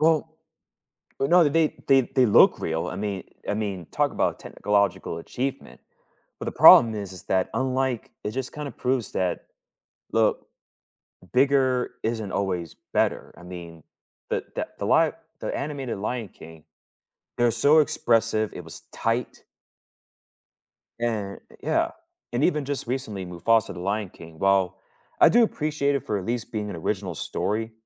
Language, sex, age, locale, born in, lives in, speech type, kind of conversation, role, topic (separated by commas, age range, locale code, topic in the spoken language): English, male, 30-34, en-US, United States, United States, conversation, unstructured, none, How do different types of movies shape our culture and society?